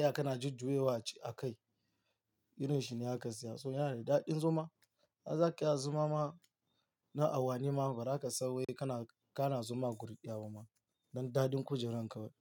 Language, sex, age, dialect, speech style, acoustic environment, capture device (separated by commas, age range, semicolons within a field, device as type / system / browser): Hausa, male, 18-24, Nigeria - Lagos Yoruba, tired; nervous, nature, mobile / Linux / Chrome